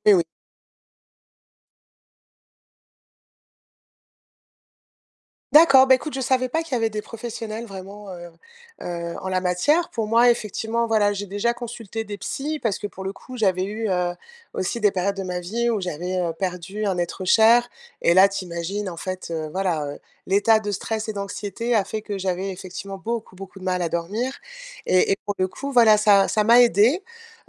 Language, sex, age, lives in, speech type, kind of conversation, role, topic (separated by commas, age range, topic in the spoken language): French, female, 50-54, France, conversation, advice, user, Pourquoi ai-je un sommeil irrégulier malgré la fatigue ?